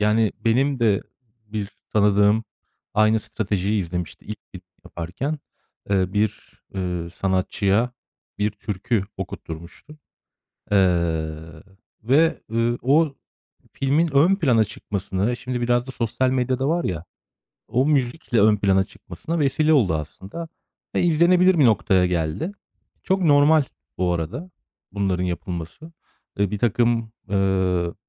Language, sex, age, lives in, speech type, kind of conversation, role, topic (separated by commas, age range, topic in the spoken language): Turkish, male, 35-39, Germany, conversation, podcast, guest, Bir filmin müziği hikâyeyi nasıl etkiler?